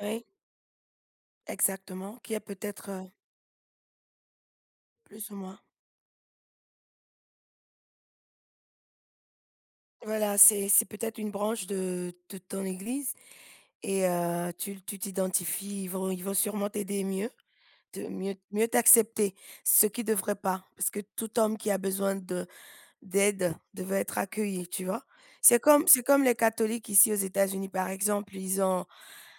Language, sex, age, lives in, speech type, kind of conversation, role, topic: French, female, 40-44, United States, conversation, unstructured, none, Comment la religion peut-elle unir ou diviser les gens ?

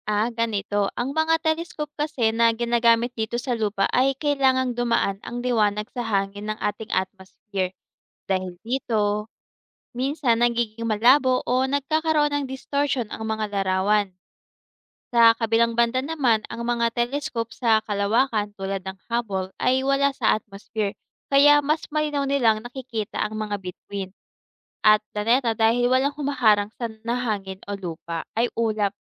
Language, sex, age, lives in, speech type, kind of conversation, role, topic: Filipino, female, 25-29, Philippines, read, expert-assistant, expert, Paano gumagana ang mga telescope sa pagtingin sa kalawakan?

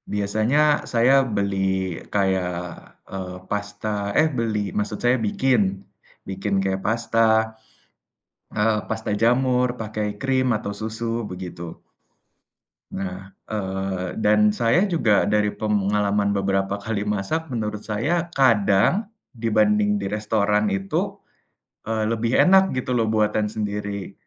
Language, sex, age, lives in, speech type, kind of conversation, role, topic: Indonesian, male, 20-24, Indonesia, conversation, unstructured, none, Bagaimana kamu memutuskan apakah akan makan di rumah atau di restoran?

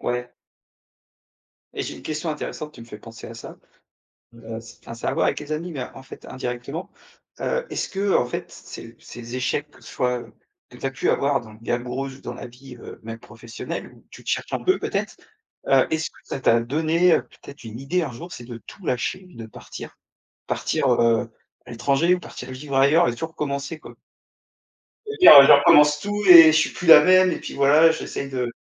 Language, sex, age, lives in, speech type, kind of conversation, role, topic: French, male, 35-39, France, conversation, podcast, host, Comment gères-tu le sentiment d’échec quand une expérimentation échoue ?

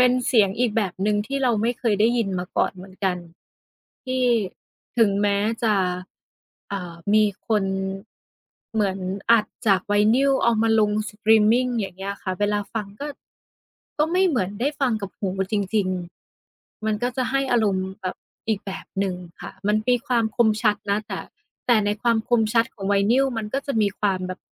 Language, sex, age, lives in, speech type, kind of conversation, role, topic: Thai, female, 30-34, Thailand, conversation, unstructured, none, คุณคิดว่าเพลงมีบทบาทอย่างไรในชีวิตประจำวัน?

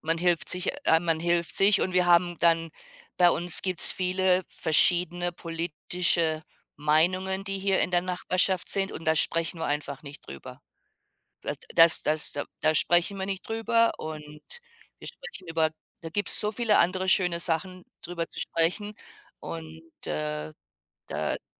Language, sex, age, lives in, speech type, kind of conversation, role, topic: German, female, 55-59, United States, conversation, unstructured, none, Was macht eine gute Nachbarschaft für dich aus?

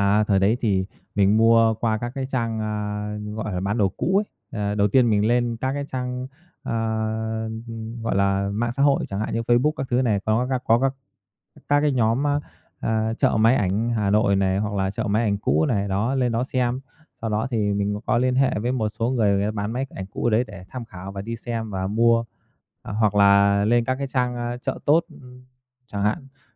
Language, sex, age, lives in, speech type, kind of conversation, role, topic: Vietnamese, male, 30-34, Vietnam, conversation, podcast, guest, Bạn thích chụp ảnh thì thường chụp những gì?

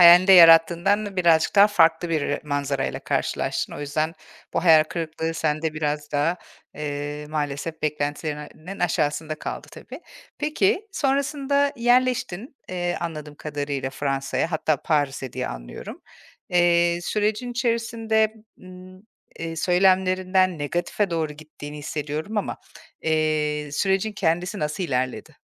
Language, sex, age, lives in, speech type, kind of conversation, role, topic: Turkish, female, 40-44, Portugal, conversation, podcast, host, Bir kararının beklemediğin sonuçlar doğurduğu bir anını anlatır mısın?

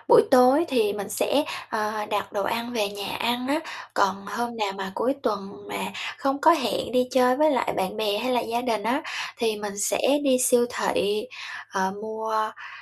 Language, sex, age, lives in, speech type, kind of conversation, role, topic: Vietnamese, female, 25-29, Japan, conversation, advice, user, Làm sao để nhận biết rõ hơn tín hiệu đói và no của cơ thể khi ăn?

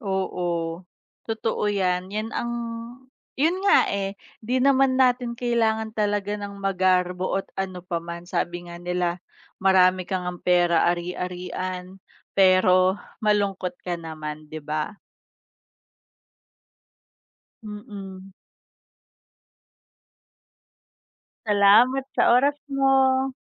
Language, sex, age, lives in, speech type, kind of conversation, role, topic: Filipino, female, 30-34, Philippines, conversation, unstructured, none, Paano mo pinapahalagahan ang mga simpleng bagay sa buhay?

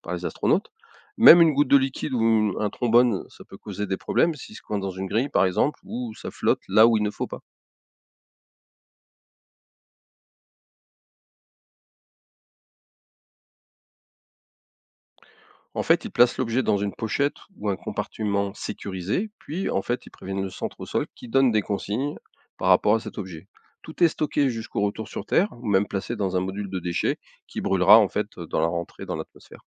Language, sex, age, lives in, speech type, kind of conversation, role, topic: French, male, 55-59, France, read, expert-assistant, expert, Comment les astronautes utilisent-ils des objets du quotidien pour s’adapter dans l’espace ?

